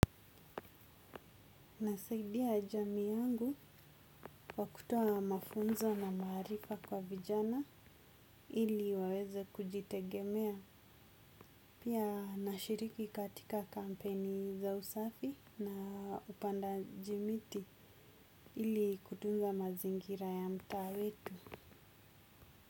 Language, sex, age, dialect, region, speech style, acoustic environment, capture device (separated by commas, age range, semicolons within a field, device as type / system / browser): Swahili, female, 25-34, Kenya - Mombasa, Kenya, focused; anxious; nervous, nature; people talking; silence; appliances; construction; traffic, desktop / Windows / Chrome